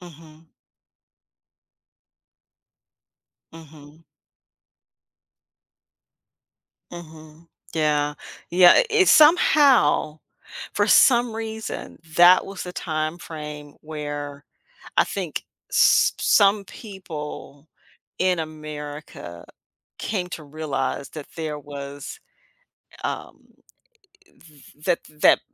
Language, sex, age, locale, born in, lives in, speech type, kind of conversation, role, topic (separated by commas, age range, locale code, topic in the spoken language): English, female, 55-59, en-US, United States, United States, conversation, podcast, host, How do major historical events continue to shape our world today?